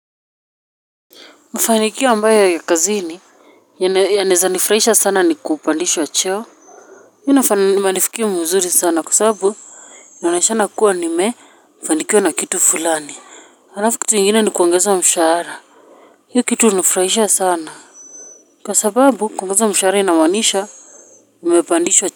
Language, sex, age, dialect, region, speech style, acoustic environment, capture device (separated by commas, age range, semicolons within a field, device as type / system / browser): Swahili, female, 25-34, Kenya - Nairobi Sheng-influenced, Kenya, happy, nature, mobile / Linux / Chrome